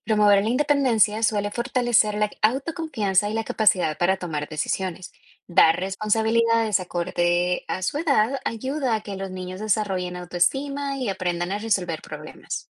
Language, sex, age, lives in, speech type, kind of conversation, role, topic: Spanish, female, 25-29, United States, read, expert-assistant, expert, ¿Por qué en algunas familias se espera que los niños sean más independientes que en otras?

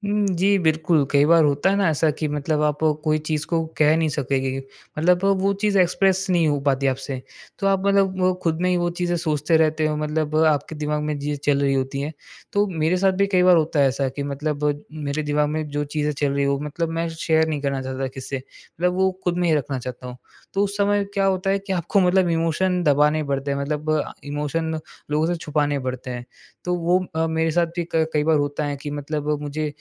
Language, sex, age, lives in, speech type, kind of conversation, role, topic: Hindi, male, 20-24, India, conversation, podcast, guest, Jab tum low feel karte ho, toh apne aap ko kaise sambhalte ho?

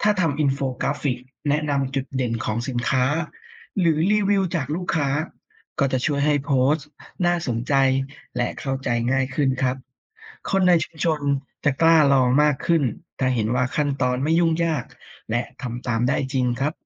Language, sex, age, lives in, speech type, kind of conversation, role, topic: Thai, female, 30-34, Thailand, read, expert-assistant, expert, เทคโนโลยีใหม่ช่วยต่อยอดสินค้าท้องถิ่นในชุมชนได้อย่างไร?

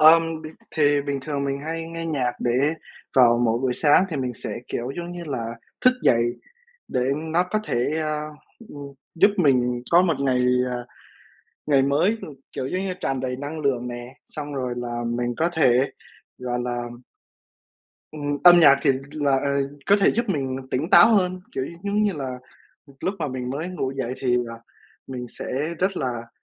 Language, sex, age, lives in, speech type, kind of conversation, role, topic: Vietnamese, male, 20-24, United States, conversation, unstructured, none, Bạn thích nghe nhạc pop hay nhạc cổ điển hơn?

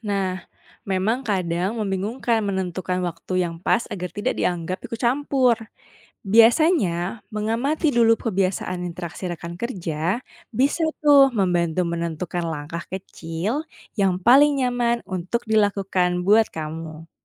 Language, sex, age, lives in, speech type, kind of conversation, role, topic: Indonesian, female, 30-34, Indonesia, read, expert-assistant, expert, Bagaimana Cara Menjaga Motivasi dan Mencairkan Suasana di Lingkungan ASN yang Monoton?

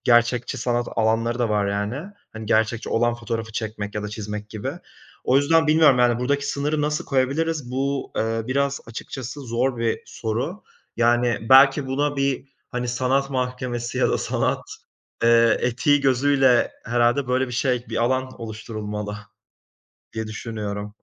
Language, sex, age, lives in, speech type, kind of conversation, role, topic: Turkish, male, 25-29, Italy, conversation, podcast, guest, Yaratıcı tıkanıklığını aşmak için neler yaparsın, hangi ipuçlarını önerirsin?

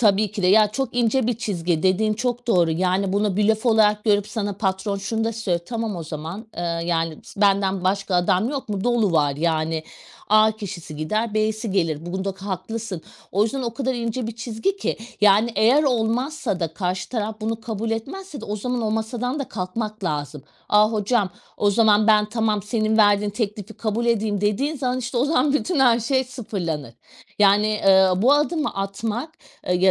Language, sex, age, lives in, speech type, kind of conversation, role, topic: Turkish, female, 40-44, Portugal, conversation, podcast, guest, Maaş pazarlığına nasıl yaklaşırsın?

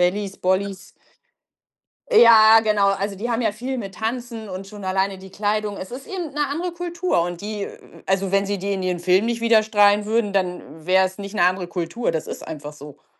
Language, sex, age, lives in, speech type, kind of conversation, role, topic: German, female, 45-49, Germany, conversation, unstructured, none, Warum sehen sich Menschen gerne Serien oder Filme an?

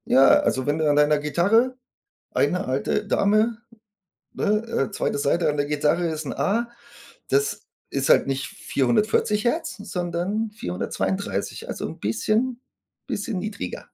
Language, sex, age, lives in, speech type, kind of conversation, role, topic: German, male, 40-44, Germany, conversation, unstructured, none, Wie beeinflusst Musik deine Emotionen?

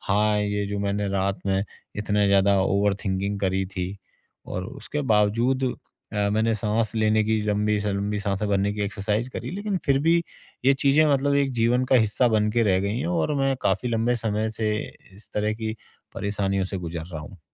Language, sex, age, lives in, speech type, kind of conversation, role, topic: Hindi, male, 40-44, India, conversation, advice, user, गहरी साँस लेने के अभ्यास से मुझे क्या लाभ हो सकते हैं?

